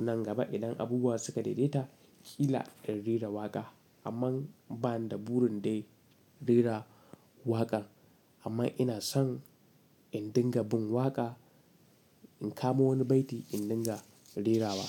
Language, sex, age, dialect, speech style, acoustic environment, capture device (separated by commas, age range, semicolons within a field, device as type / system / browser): Hausa, male, 18-24, Nigeria - Katsina, relaxed; excited; happy, silence, mobile / Linux / Chrome